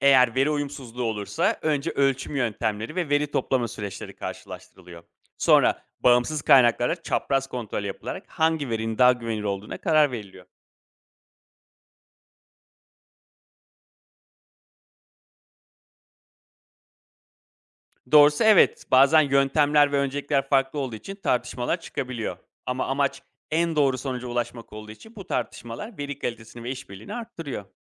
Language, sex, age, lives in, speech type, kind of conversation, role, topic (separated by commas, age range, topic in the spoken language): Turkish, male, 35-39, Greece, read, expert-assistant, expert, Okyanuslar mı yoksa ormanlar mı daha fazla oksijen üretir?